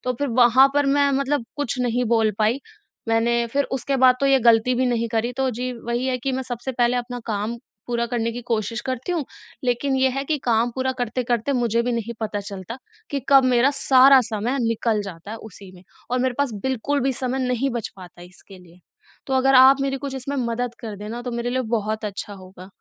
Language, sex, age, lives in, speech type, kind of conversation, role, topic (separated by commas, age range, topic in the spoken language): Hindi, female, 20-24, India, conversation, advice, user, अपने शौक और रुचियों के लिए समय नहीं मिल रहा है—मैं क्या करूँ?